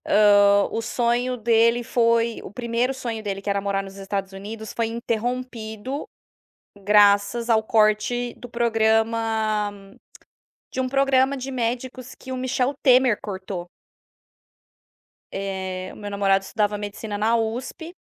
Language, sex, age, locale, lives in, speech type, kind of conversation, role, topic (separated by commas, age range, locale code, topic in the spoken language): Portuguese, female, 30-34, pt-BR, Spain, conversation, podcast, guest, Qual foi um filme que mudou a sua visão de mundo?